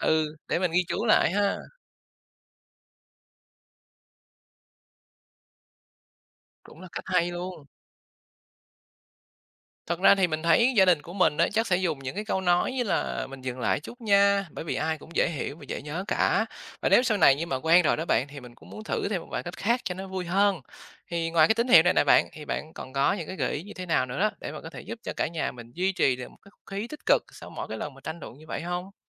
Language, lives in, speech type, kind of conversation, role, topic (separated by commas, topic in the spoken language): Vietnamese, Vietnam, read, expert-assistant, asker, Nếu gia đình bạn có thành viên đến từ nhiều nền văn hóa khác nhau, bạn nghĩ văn hóa chung trong gia đình sẽ ra sao?